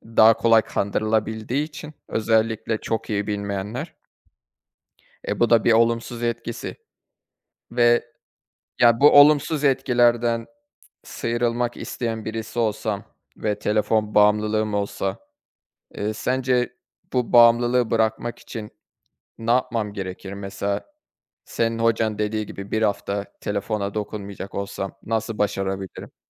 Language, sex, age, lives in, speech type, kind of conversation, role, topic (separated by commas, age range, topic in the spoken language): Turkish, male, 25-29, Germany, conversation, unstructured, none, Telefon kullanım alışkanlıkların hayatını nasıl etkiliyor?